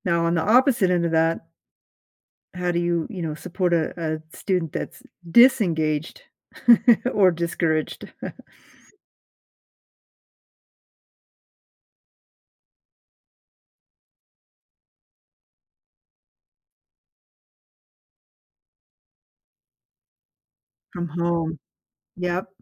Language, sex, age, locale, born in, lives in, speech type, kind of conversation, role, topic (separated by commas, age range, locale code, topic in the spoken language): English, female, 55-59, en-US, United States, United States, conversation, podcast, host, What makes a teacher truly memorable and able to ignite a love of learning in students?